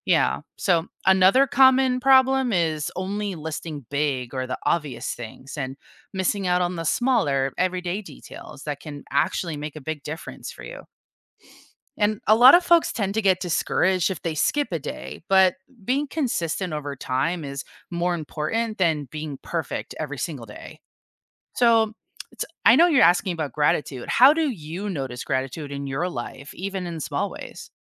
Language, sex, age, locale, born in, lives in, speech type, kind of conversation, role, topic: English, female, 30-34, en-US, United States, United States, read, expert-assistant, expert, What are the benefits of practicing gratitude every day?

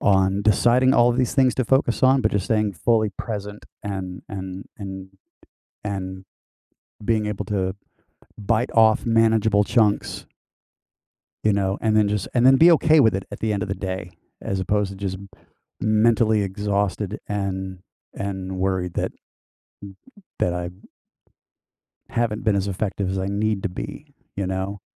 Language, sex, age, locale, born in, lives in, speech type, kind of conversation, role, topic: English, male, 55-59, en-US, United States, United States, conversation, advice, user, How can I manage feeling overwhelmed by daily responsibilities?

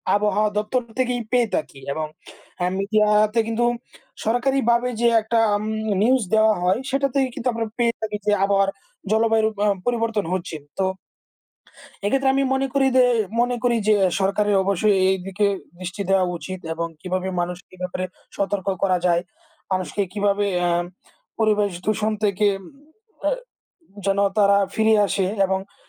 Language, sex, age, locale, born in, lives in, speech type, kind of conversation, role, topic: Bengali, male, 20-24, bn-BD, Bangladesh, Bangladesh, conversation, unstructured, none, পৃথিবীর জলবায়ু পরিবর্তন সম্পর্কে আপনার মতামত কী?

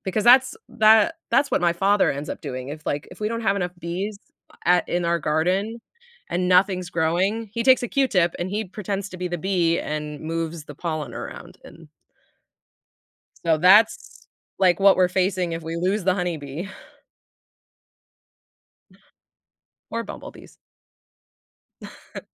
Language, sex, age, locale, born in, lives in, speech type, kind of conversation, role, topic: English, female, 30-34, en-US, United States, United States, conversation, podcast, guest, How do bees help maintain healthy ecosystems and support our food supply?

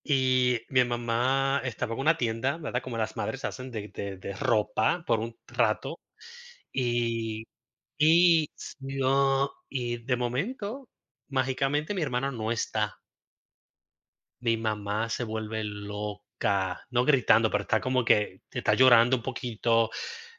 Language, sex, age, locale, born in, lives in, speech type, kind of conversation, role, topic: Spanish, male, 35-39, es-US, Puerto Rico, United States, conversation, unstructured, none, ¿Alguna vez te has perdido durante un viaje? ¿Qué pasó?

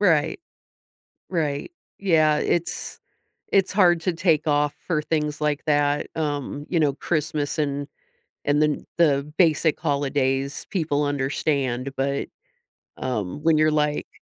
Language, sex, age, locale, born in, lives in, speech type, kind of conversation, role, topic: English, female, 45-49, en-US, United States, United States, conversation, podcast, host, Why do shared traditions play such an important role in connecting people?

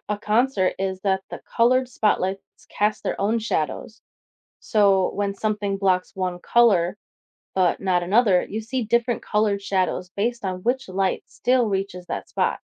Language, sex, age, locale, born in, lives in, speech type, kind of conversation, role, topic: English, female, 25-29, en-US, United States, United States, read, expert-assistant, expert, What causes a shadow to form?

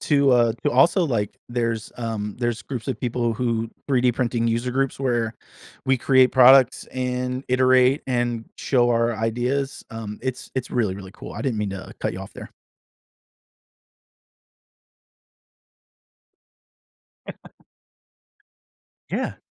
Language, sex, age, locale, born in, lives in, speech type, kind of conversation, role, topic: English, male, 40-44, en-US, United States, United States, conversation, podcast, guest, In what ways can sharing a hobby help build new friendships or communities?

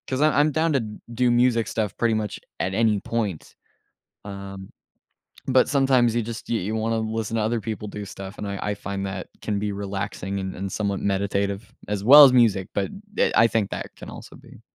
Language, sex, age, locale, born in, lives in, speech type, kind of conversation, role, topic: English, male, 18-19, en-US, United States, United States, conversation, unstructured, none, What factors influence whether you choose music or podcasts for different moments in your day?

